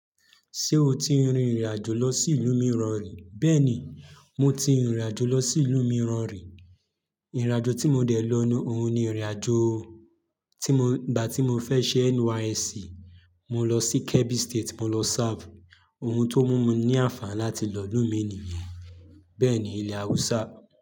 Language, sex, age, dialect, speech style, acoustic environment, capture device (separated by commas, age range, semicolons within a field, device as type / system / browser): Yoruba, male, 25-34, Nigeria - Lagos, happy, silence, mobile / Linux / Chrome